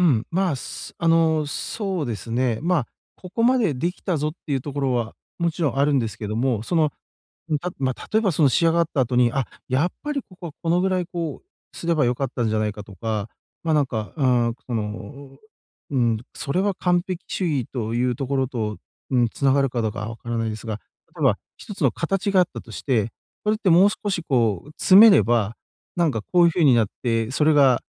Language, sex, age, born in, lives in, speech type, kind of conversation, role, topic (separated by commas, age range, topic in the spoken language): Japanese, male, 40-44, Japan, Japan, conversation, advice, user, 完璧主義と進捗をどのように両立できますか？